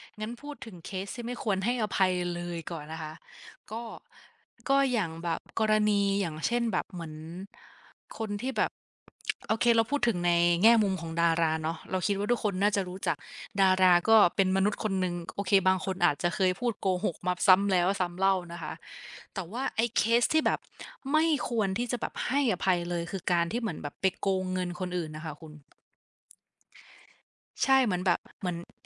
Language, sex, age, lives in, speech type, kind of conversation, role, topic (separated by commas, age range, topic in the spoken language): Thai, female, 25-29, Thailand, conversation, unstructured, none, ในสถานการณ์แบบไหนที่คุณคิดว่าการโกหกเป็นเรื่องที่ยอมรับได้?